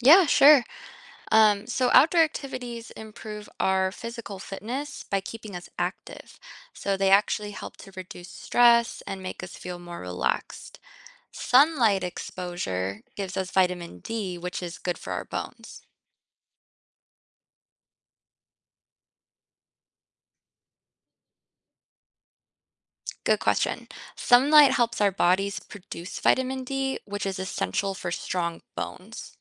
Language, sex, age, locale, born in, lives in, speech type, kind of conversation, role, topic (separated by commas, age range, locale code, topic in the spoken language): English, female, 25-29, en-US, United States, United States, read, expert-assistant, expert, What are the benefits of outdoor activities for health?